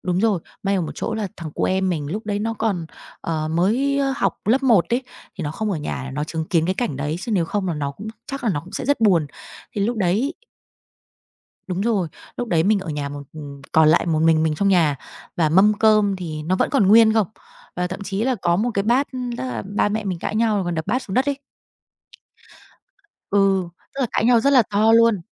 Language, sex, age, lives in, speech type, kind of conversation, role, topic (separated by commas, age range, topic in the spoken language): Vietnamese, female, 30-34, Vietnam, conversation, podcast, guest, Bạn có kỷ niệm nào gắn với tiếng mưa mà bạn muốn kể không?